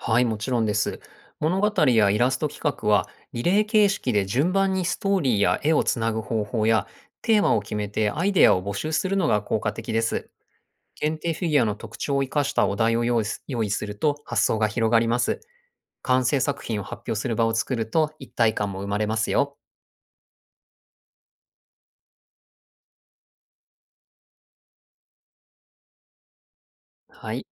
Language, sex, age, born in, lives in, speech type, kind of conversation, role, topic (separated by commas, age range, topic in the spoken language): Japanese, male, 40-44, Japan, Japan, read, expert-assistant, expert, コレクションをもっと楽しくするための新しい工夫やアイデアにはどんなものがあると思いますか？